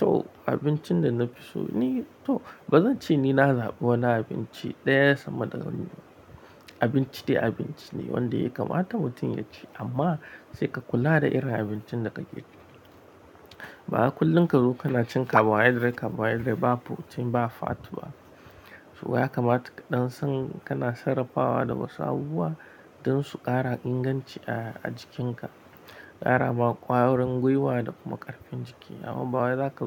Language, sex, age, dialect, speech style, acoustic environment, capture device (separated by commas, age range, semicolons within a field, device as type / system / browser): Hausa, male, 25-34, Nigeria - Nigerian Standard, happy; tired; relaxed; focused, music; nature; silence, mobile / Linux / Chrome